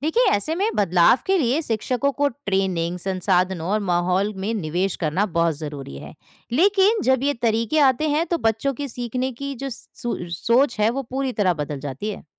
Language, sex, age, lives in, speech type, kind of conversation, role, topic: Hindi, female, 20-24, India, read, expert-assistant, expert, अलग-अलग देशों के स्कूलों में पढ़ाई के तरीके कौन से हैं, और इनमें से कौन सा तरीका बच्चों को सीखने में सबसे ज़्यादा मदद करता है?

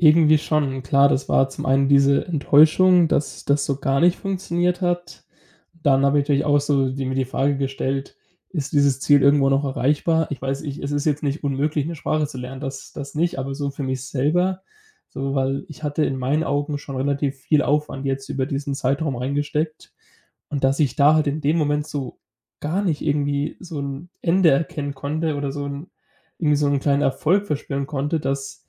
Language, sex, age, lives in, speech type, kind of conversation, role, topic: German, male, 20-24, Germany, conversation, advice, user, Was ist passiert, dass du nach einem Rückschlag oder Misserfolg deine Motivation verloren hast?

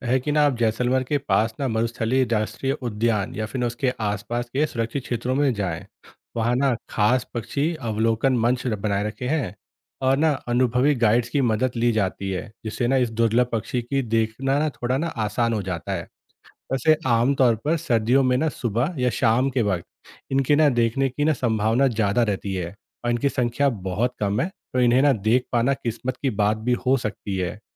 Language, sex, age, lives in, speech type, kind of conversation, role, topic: Hindi, male, 30-34, India, read, expert-assistant, expert, क्या रेगिस्तान में ट्रेकिंग का अनुभव पहाड़ों जितना रोमांचक हो सकता है?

